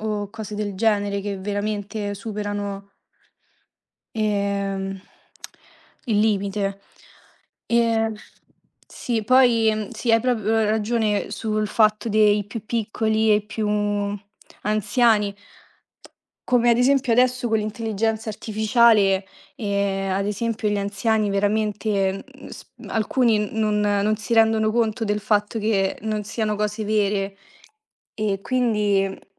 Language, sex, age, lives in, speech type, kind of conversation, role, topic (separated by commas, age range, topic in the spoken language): Italian, female, 20-24, Italy, conversation, unstructured, none, Come reagisci quando una celebrità si comporta in modo scorretto sui media?